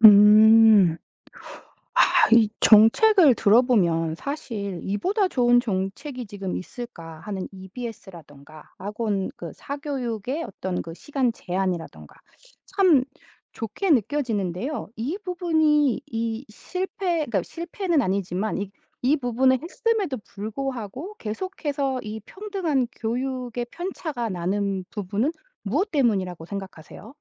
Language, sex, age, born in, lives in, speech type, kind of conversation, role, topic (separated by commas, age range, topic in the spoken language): Korean, female, 40-44, South Korea, United States, conversation, podcast, host, 평등한 교육 기회는 실제로 가능한가요?